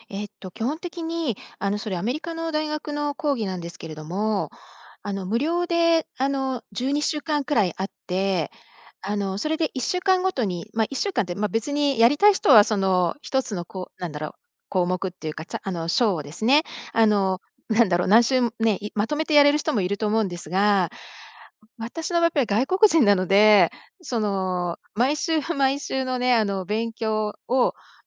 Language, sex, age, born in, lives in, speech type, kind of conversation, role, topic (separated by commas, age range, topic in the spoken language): Japanese, female, 55-59, Japan, United States, conversation, podcast, guest, 勉強が楽しくなるための小さな工夫には、どんなものがありますか？